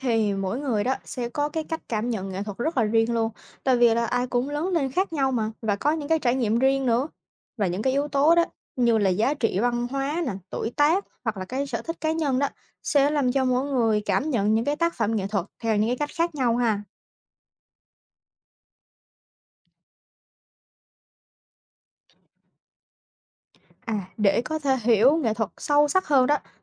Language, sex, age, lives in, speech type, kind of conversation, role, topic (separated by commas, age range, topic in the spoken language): Vietnamese, female, 20-24, United States, read, expert-assistant, expert, Ảnh hưởng của nghệ thuật đến cuộc sống con người